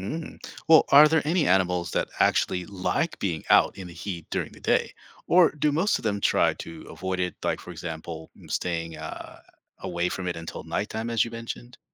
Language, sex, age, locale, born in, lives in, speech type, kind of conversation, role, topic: English, male, 45-49, en-US, United States, United States, read, expert-assistant, asker, What helps some animals survive extreme cold in places like the Arctic or Antarctica?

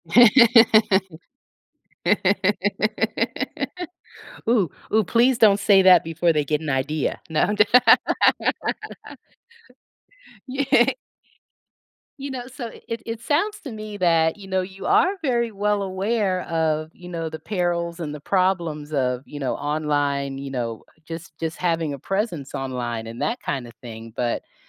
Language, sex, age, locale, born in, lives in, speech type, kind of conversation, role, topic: English, female, 55-59, en-US, United States, United States, conversation, podcast, host, What simple habits can help you stay safe and protect your privacy online every day?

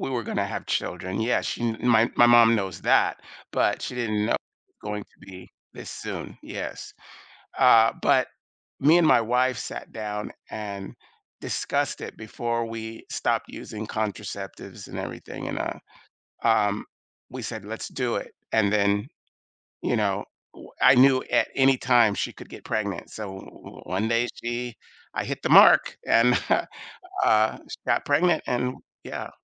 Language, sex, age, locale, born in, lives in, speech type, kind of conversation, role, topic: English, male, 55-59, en-US, United States, United States, conversation, advice, user, How do I process unexpected pregnancy news and decide my next steps?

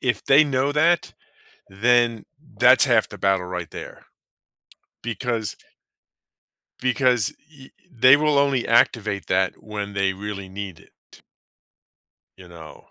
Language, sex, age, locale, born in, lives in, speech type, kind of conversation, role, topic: English, male, 50-54, en-US, United States, United States, conversation, advice, advisor, How can I balance work and personal life after my schedule changed?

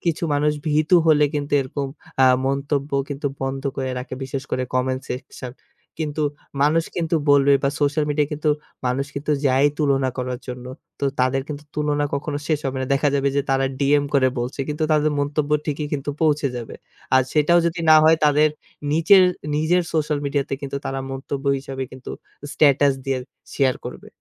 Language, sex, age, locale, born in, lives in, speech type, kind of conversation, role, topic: Bengali, male, 25-29, bn-BD, Bangladesh, Bangladesh, conversation, podcast, guest, সামাজিক মাধ্যমে একই মন্তব্য ভিন্নভাবে কীভাবে ব্যাখ্যা করা হয়?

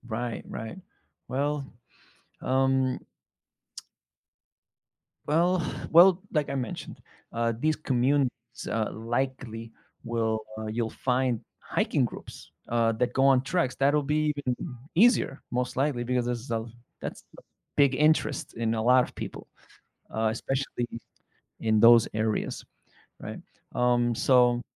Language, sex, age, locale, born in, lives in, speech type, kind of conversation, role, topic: English, male, 35-39, en-US, United States, United States, conversation, advice, advisor, How can I overcome loneliness and build meaningful connections after moving to a new city?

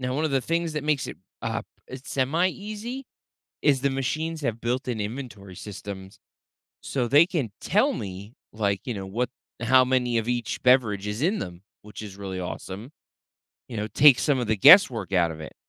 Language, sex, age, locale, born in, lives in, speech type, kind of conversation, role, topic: English, male, 30-34, en-US, United States, United States, conversation, podcast, guest, How do you manage the routines and challenges of your workday?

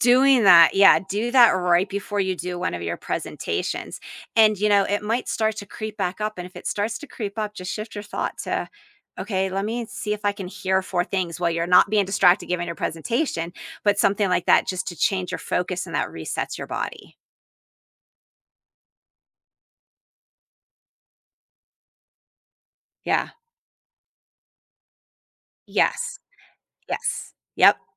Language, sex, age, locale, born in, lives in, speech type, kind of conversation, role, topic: English, female, 45-49, en-US, United States, United States, conversation, advice, advisor, How can I manage my nerves and feel more confident when giving presentations?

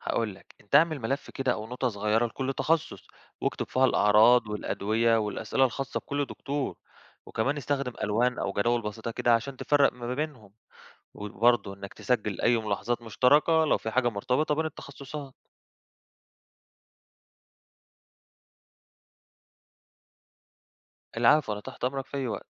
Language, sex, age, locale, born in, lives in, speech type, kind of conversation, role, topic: Arabic, male, 25-29, ar-EG, Egypt, Greece, read, expert-assistant, expert, إزاي أتعلم أتكلم مع دكاترة بشكل أفضل وأفهم التشخيص والعلاج اللي بيقولوه؟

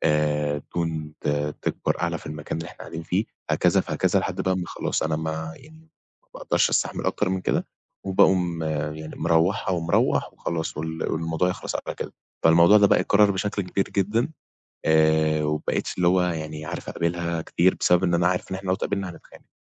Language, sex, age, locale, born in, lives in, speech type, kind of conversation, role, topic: Arabic, male, 20-24, ar-EG, Egypt, Egypt, conversation, advice, user, إزاي أتعامل مع الخناقات اللي بتتكرر ومش عارفين نتواصل مع بعض؟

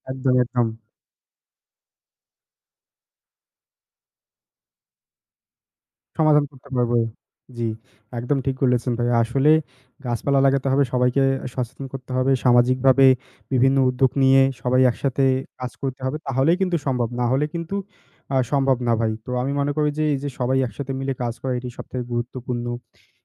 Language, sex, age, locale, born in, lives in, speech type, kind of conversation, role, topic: Bengali, male, 20-24, bn-BD, Bangladesh, Bangladesh, conversation, unstructured, none, পরিবেশ রক্ষা করার সবচেয়ে সহজ উপায় কী হতে পারে?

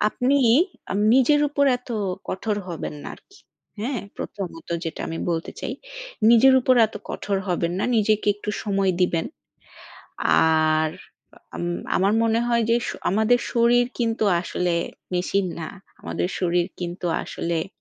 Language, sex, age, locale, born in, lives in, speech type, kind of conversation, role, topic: Bengali, female, 30-34, bn-BD, Bangladesh, Bangladesh, conversation, advice, advisor, তীব্র ব্যায়ামের পরে পর্যাপ্ত ঘুম না হলে শরীর ঠিকভাবে সেরে উঠতে না পারা কেন হয়?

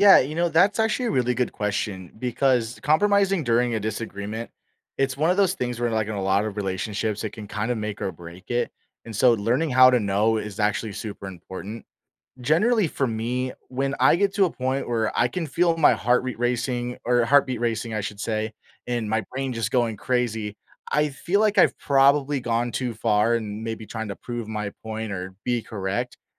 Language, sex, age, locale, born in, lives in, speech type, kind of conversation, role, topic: English, male, 25-29, en-US, United States, United States, conversation, podcast, guest, What helps you decide when to find common ground in a disagreement?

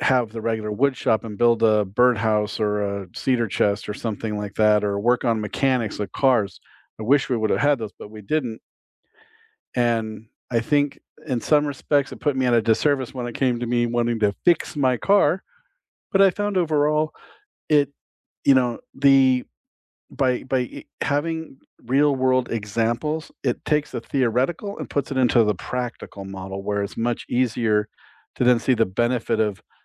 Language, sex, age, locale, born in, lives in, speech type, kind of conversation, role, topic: English, male, 50-54, en-US, United States, United States, conversation, unstructured, none, Should schools focus more on testing or on creativity?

